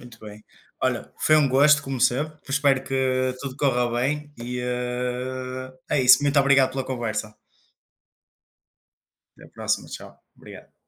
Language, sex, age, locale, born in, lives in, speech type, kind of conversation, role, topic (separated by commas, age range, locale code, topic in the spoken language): Portuguese, male, 25-29, pt-PT, Portugal, Portugal, conversation, unstructured, none, Qual é a importância dos animais de estimação na vida das pessoas?